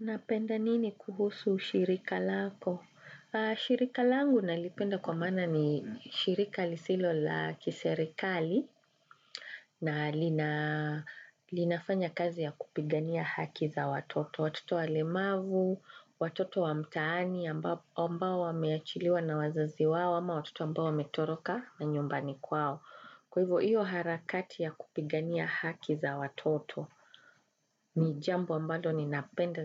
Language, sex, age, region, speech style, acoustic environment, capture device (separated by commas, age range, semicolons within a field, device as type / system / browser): Swahili, female, 35-44, Kenya, relaxed, construction; music, desktop / Windows / Chrome